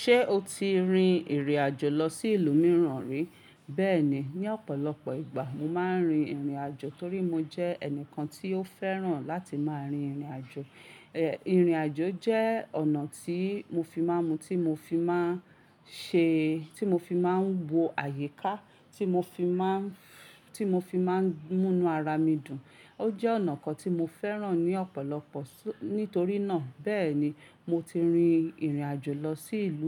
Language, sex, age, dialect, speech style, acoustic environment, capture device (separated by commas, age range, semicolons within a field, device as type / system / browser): Yoruba, female, 35-44, Nigeria - Ibadan, relaxed, silence, mobile / Linux / Chrome